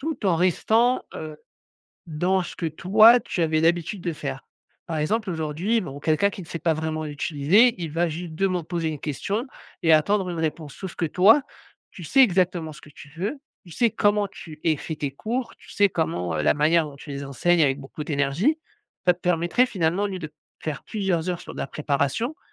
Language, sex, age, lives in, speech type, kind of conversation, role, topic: French, male, 35-39, France, conversation, advice, advisor, Comment faire quand je n’ai jamais de temps pour moi ni de loisirs chaque semaine ?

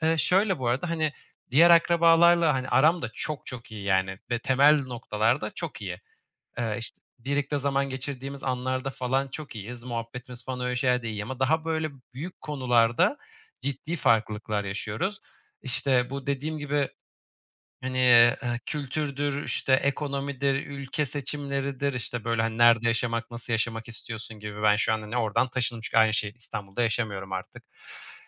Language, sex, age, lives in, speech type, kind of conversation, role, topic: Turkish, male, 25-29, Germany, conversation, advice, user, Aile ve kültür baskısı ile kendi seçimlerim arasında nasıl denge kurabilirim?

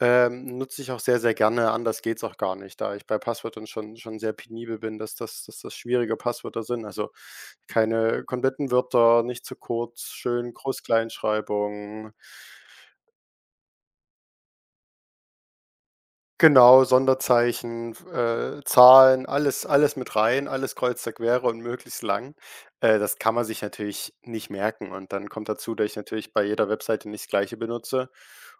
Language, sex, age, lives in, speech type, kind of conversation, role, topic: German, male, 18-19, Germany, conversation, podcast, guest, Wie schützt du persönlich deine Daten im Netz?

